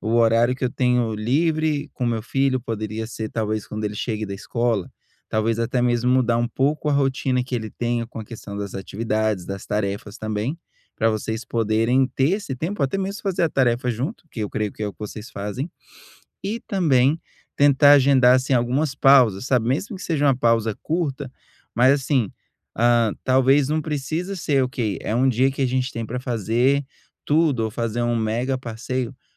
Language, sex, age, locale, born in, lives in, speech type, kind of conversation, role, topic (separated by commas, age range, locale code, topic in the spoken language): Portuguese, male, 30-34, pt-BR, Brazil, United States, conversation, advice, advisor, Como posso equilibrar melhor o trabalho e o tempo livre?